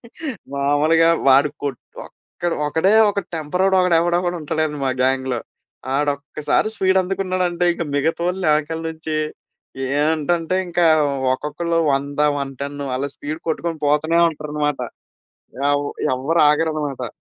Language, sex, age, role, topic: Telugu, male, 25-29, guest, స్నేహితులతో కలిసి మీరు చేసిన మరిచిపోలేని ప్రయాణం గురించి చెప్పగలరా?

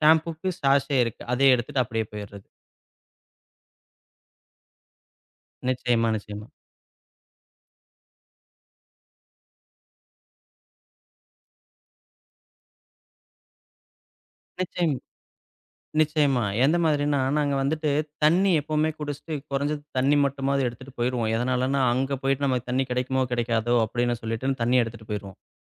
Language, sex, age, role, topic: Tamil, male, 35-39, guest, புது நாட்டுக்குப் புலம்பெயர முடிவு செய்வதற்கு முன் முதலில் எந்த விஷயங்களை முக்கியமாகக் கவனிக்க வேண்டும்?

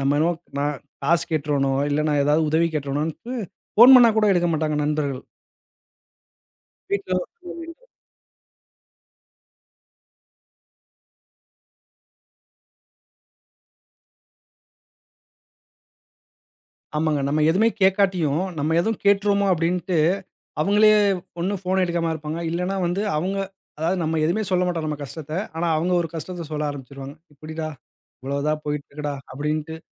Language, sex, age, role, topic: Tamil, male, 35-39, guest, ஒரு வேலை இழந்தபோது உங்கள் சுயமரியாதை எவ்வாறு பாதிக்கப்படுகிறது?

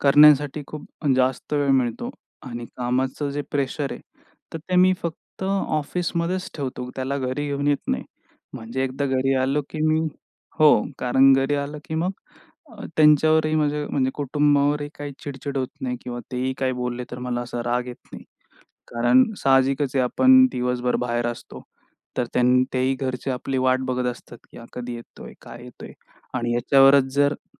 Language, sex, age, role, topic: Marathi, male, 25-29, guest, काम आणि शिकणं एकत्र तुम्ही कसं सांभाळता?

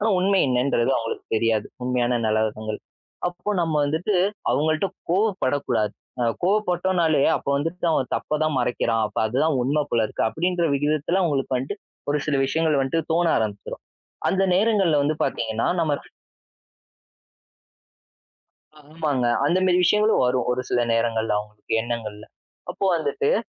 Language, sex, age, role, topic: Tamil, male, 20-24, guest, கடுமையில்லாமல் உண்மையை எப்படி சொல்வீர்கள்?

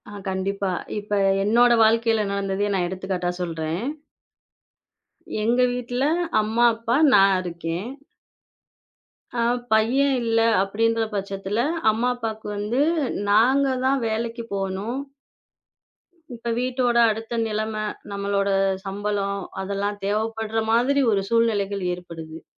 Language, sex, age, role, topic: Tamil, female, 25-29, guest, பிறரின் எதிர்பார்ப்புகள் உங்கள் முடிவுகளை எவ்வளவு மாற்றுகின்றன?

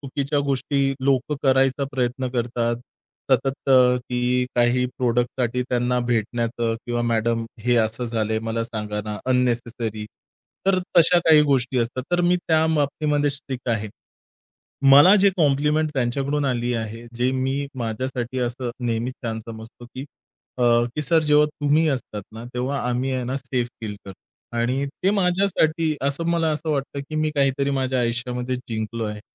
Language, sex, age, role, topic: Marathi, male, 30-34, guest, नेतृत्व कसे असावे असे तुम्हाला वाटते?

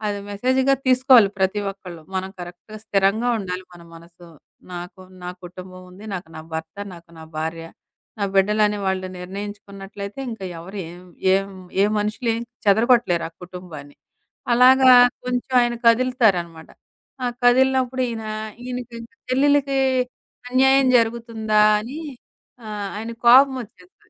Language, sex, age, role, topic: Telugu, female, 40-44, guest, బాధ నుంచి కొంచెం దూరంగా ఉండేందుకు మీరు సాధారణంగా ఏ రకమైన సినిమాలు చూస్తారు?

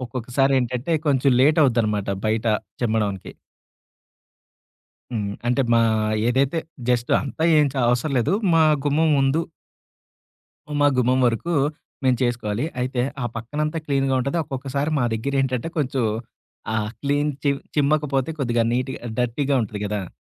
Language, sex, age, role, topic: Telugu, male, 30-34, guest, అద్దె ఇంట్లో ఉంటూ మీ వ్యక్తిగత స్థలాన్ని మీకు నచ్చినట్లు ఎలా మలుచుకుంటారు?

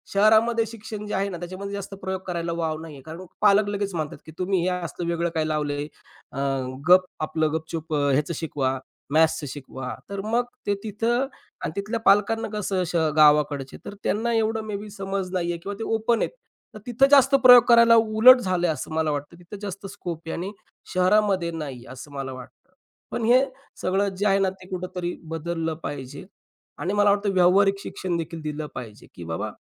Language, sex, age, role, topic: Marathi, male, 35-39, guest, आज तुम्हाला शिक्षणपद्धतीत बदल करण्याची संधी मिळाली, तर तुम्ही काय बदलाल?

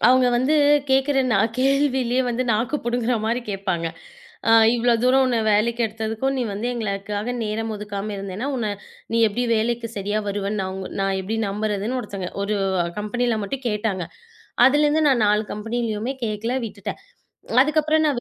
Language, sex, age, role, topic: Tamil, female, 25-29, guest, முதலாவது வேலை நமக்கு என்ன கற்றுக் கொடுத்தது?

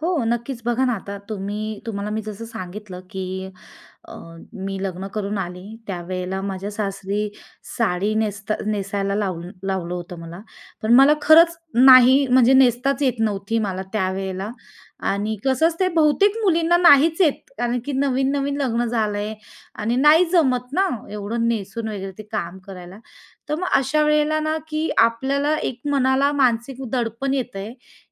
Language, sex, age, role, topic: Marathi, female, 30-34, guest, तुमचे कपडे तुमची ओळख कशी दाखवतात?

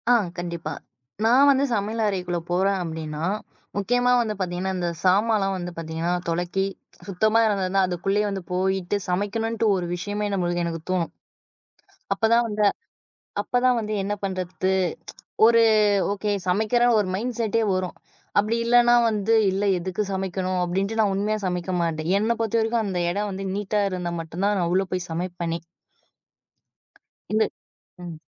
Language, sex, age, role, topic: Tamil, female, 20-24, guest, ஒரு சாதாரண சமையலறையில் புதிய செய்முறை முயற்சிகளை எப்படித் தொடங்குவீர்கள்?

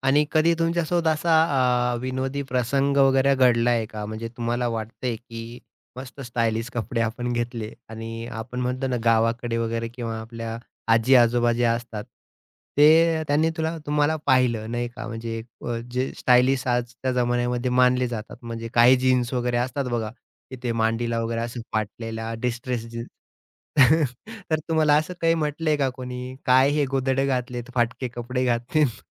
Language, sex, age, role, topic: Marathi, male, 30-34, host, आराम आणि फॅशन यांच्यात संतुलन तुम्ही कसे साधता?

